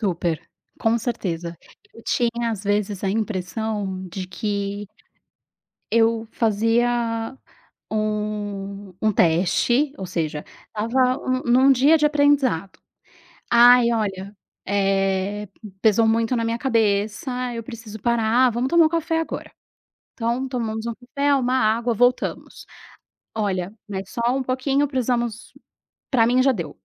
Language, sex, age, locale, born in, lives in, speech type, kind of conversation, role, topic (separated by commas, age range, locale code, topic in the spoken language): Portuguese, female, 35-39, pt-BR, Brazil, Portugal, conversation, podcast, guest, Me conta um momento em que reaprender foi libertador?